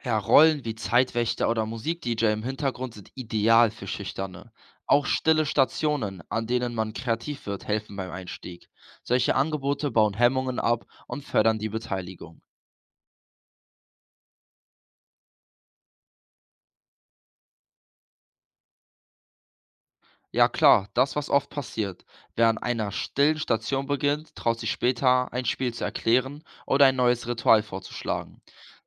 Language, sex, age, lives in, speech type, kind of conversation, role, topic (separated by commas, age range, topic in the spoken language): German, male, 18-19, Germany, read, expert-assistant, expert, Wie könnten Feiertage aus verschiedenen Religionen neue Ideen für gemeinsames Feiern in unserer Gesellschaft bringen?